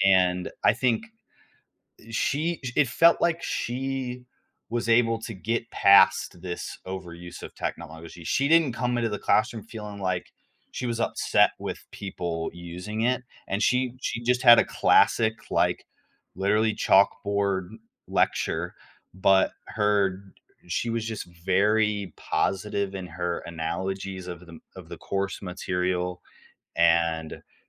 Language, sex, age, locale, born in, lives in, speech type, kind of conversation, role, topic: English, male, 18-19, en-US, United States, United States, conversation, podcast, guest, In what ways is technology transforming the way we teach and learn?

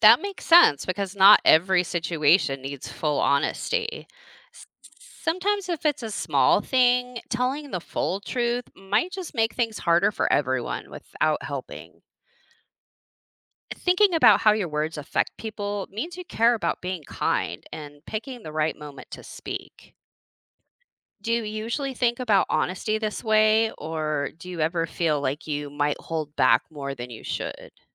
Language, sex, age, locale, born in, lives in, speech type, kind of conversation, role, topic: English, female, 40-44, en-US, United States, United States, read, expert-assistant, expert, Why is honesty important in relationships and self-understanding?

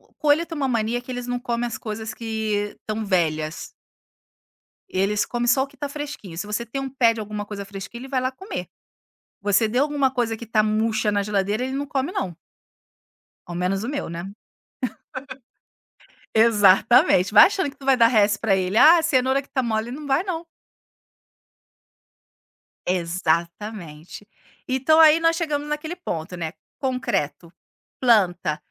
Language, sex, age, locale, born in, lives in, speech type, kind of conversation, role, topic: Portuguese, female, 40-44, pt-BR, Brazil, Italy, conversation, podcast, guest, O que você faz para deixar a casa mais aconchegante?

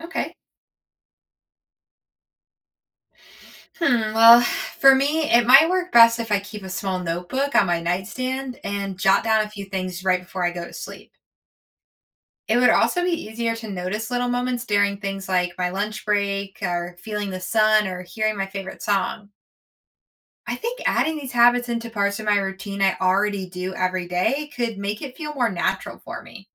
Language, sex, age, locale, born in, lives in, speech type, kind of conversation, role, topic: English, female, 25-29, en-US, United States, United States, read, expert-assistant, asker, How can practicing gratitude improve overall happiness?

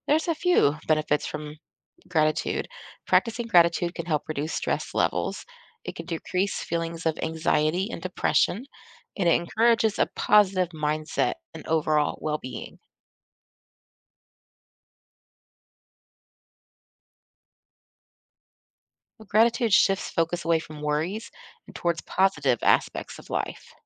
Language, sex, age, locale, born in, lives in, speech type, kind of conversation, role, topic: English, female, 40-44, en-US, United States, United States, read, expert-assistant, expert, What are the benefits of practicing gratitude daily?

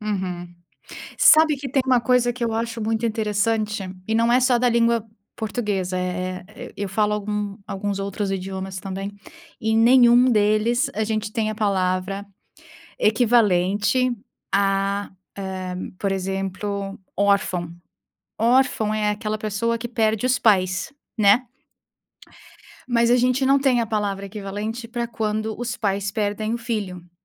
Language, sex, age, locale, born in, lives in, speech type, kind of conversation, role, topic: Portuguese, female, 30-34, pt-BR, Brazil, United States, conversation, unstructured, none, Como você lida com a perda de alguém próximo?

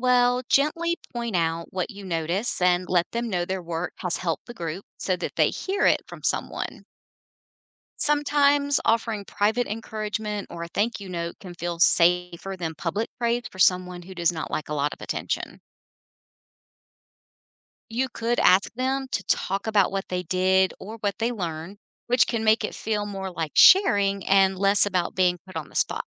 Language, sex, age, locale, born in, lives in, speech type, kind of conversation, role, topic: English, female, 50-54, en-US, United States, United States, read, expert-assistant, expert, What are some ways to celebrate your achievements without bragging?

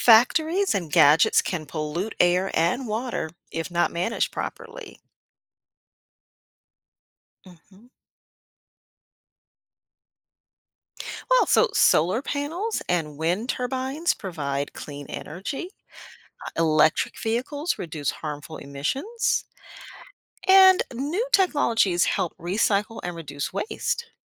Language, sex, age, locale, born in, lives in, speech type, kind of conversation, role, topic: English, female, 55-59, en-US, United States, United States, read, expert-assistant, expert, How do inventions change our daily lives?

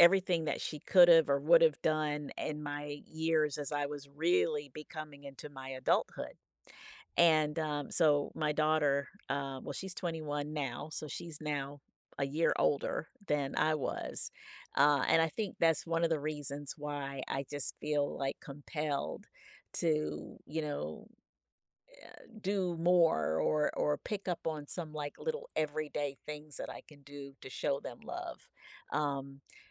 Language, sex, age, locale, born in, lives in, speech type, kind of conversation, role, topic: English, female, 55-59, en-US, United States, United States, conversation, advice, user, How can I show love daily?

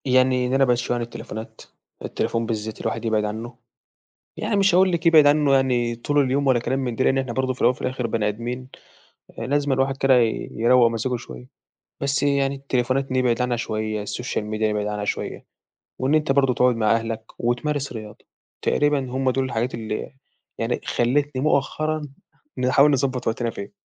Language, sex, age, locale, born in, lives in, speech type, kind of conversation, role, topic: Arabic, male, 18-19, ar-EG, Egypt, Egypt, conversation, podcast, guest, إزاي بتنظّم وقتك بين الدراسة وحياتك؟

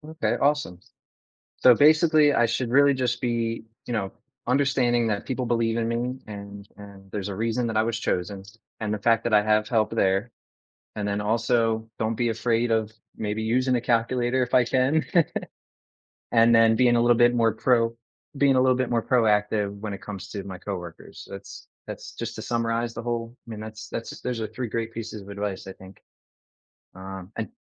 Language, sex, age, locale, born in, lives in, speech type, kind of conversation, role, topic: English, male, 30-34, en-US, United States, United States, conversation, advice, user, How can I gain confidence and manage new responsibilities after an unexpected promotion?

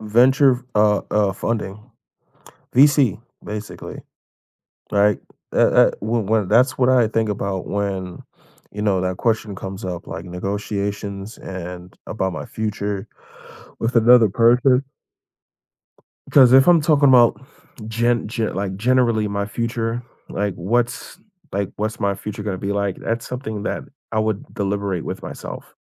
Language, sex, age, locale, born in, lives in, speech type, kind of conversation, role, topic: English, male, 25-29, en-US, United States, United States, conversation, unstructured, none, What is the hardest part about negotiating your future with others?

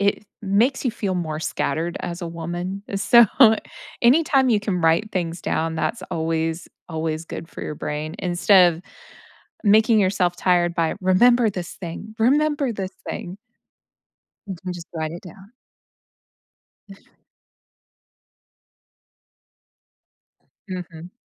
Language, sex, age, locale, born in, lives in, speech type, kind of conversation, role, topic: English, female, 35-39, en-US, United States, United States, conversation, advice, advisor, How can I manage feeling overwhelmed by school, chores, and activities?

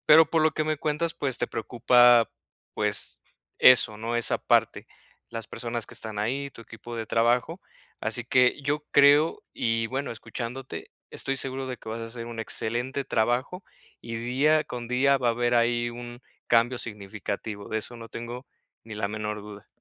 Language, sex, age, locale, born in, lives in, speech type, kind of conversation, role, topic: Spanish, male, 30-34, es-MX, Mexico, Mexico, conversation, advice, advisor, ¿Cómo puedo saber qué tareas debo delegar y cuándo hacerlo?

